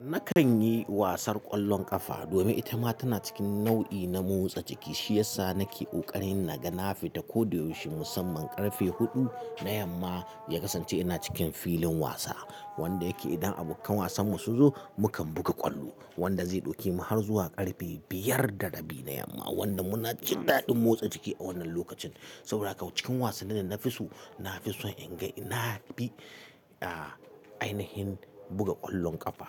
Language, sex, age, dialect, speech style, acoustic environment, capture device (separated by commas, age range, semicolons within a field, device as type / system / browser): Hausa, male, 35-44, Nigeria - Katsina, happy; relaxed; excited; focused, silence, mobile / Linux / Chrome